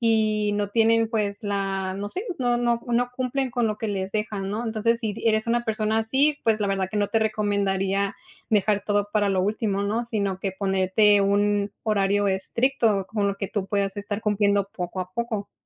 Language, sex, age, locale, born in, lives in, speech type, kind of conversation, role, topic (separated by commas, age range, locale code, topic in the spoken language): Spanish, female, 30-34, es-MX, Mexico, United States, conversation, podcast, guest, ¿Qué haces para encontrar inspiración cuando te quedas sin ideas?